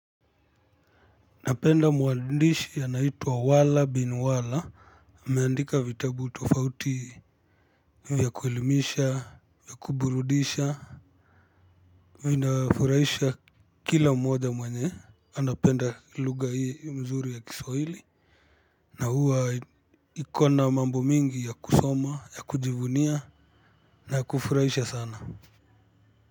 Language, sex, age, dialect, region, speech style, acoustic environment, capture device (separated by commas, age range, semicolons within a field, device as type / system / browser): Swahili, male, 25-34, Kenya - Kitui, Kenya, happy, traffic, mobile / Linux / Chrome